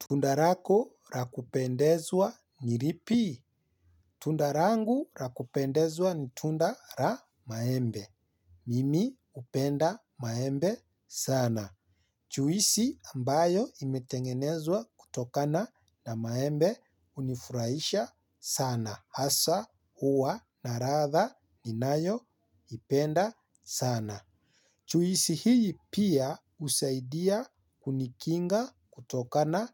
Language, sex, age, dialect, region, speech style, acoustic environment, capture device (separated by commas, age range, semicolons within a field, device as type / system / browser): Swahili, male, 25-34, Kenya - Nairobi Sheng-influenced, Kenya, happy, silence, mobile / Linux / Chrome